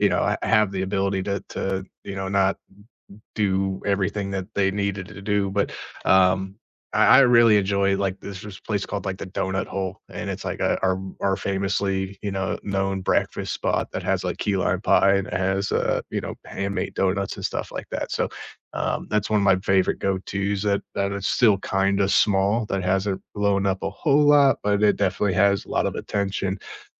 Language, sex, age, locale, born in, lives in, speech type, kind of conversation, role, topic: English, male, 30-34, en-US, United States, United States, conversation, unstructured, none, What local place in your neighborhood always makes you smile, and what makes it special?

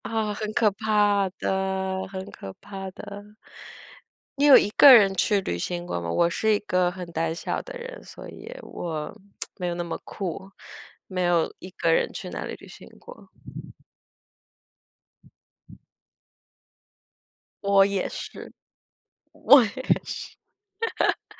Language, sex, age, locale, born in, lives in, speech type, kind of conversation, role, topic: Chinese, female, 25-29, zh-TW, China, United States, conversation, unstructured, none, 你觉得旅行中最难忘的经历是什么？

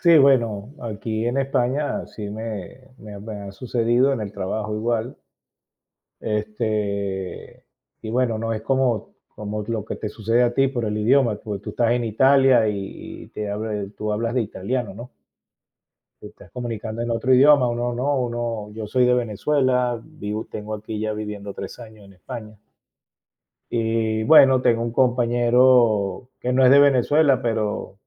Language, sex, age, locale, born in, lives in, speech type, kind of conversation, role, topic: Spanish, male, 55-59, es-VE, Venezuela, Spain, conversation, unstructured, none, ¿Qué pequeño gesto de un amigo o familiar te ha alegrado el día?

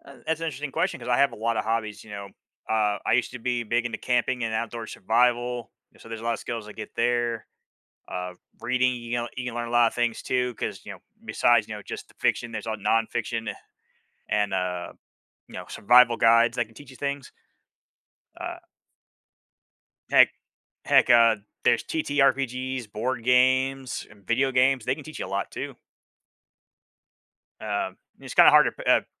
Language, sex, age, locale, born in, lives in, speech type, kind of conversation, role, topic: English, male, 40-44, en-US, United States, United States, conversation, unstructured, none, How have your hobbies influenced your personal growth or abilities?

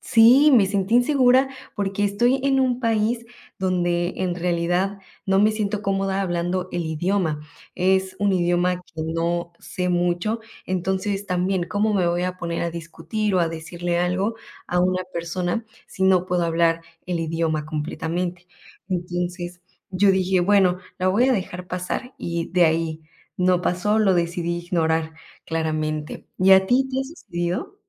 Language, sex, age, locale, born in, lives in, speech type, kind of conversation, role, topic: Spanish, female, 25-29, es-MX, Mexico, Mexico, conversation, unstructured, none, ¿Alguna vez te han tratado mal por ser turista?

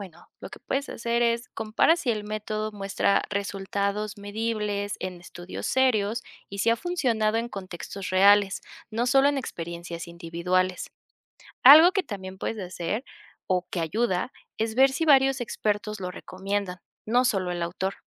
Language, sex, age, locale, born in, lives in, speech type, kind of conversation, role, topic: Spanish, female, 25-29, es-MX, Mexico, Mexico, read, expert-assistant, expert, ¿Cómo puedo saber si un libro de productividad realmente está basado en evidencia y no solo en opiniones personales?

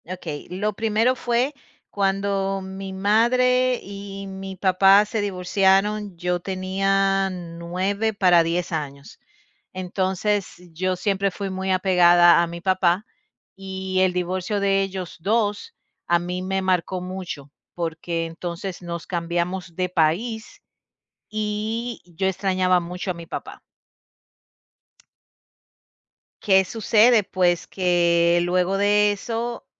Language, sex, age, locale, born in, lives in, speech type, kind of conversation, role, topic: Spanish, female, 45-49, es-DO, Dominican Republic, United States, conversation, podcast, guest, ¿Alguna vez tuviste que perdonar a un familiar y cómo fue?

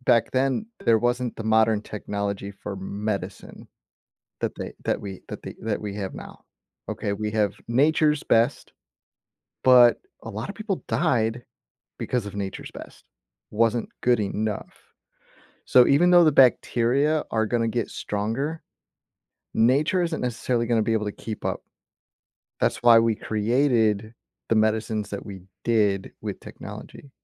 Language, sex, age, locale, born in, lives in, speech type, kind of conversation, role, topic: English, male, 35-39, en-US, United States, United States, conversation, unstructured, none, Would you rather live in a world where technology is advanced but nature is gone, or in a world where nature thrives but technology is primitive?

